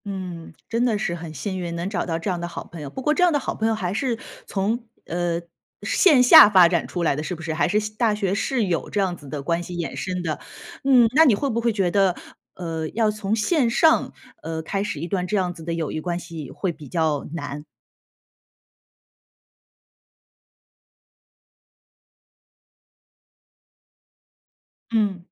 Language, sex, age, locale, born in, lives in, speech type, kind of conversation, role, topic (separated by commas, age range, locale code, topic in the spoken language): Chinese, female, 35-39, zh-CN, China, United States, conversation, podcast, host, 你怎样才能交到真心朋友？